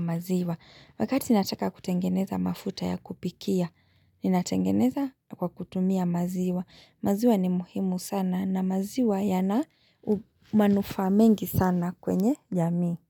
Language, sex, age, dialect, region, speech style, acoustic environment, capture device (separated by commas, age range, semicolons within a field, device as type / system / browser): Swahili, female, 25-34, Kenya - Nairobi Sheng-influenced, Kenya, happy; relaxed; excited; focused, silence, mobile / Linux / Chrome